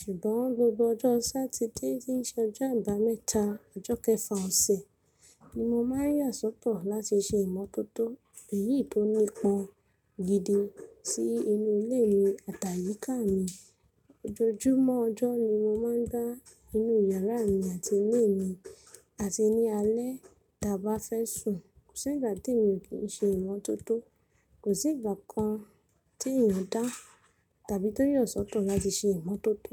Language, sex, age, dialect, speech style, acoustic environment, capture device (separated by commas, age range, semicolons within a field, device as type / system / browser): Yoruba, female, 25-34, Nigeria - Ibadan, nervous, people talking, mobile / Linux / Chrome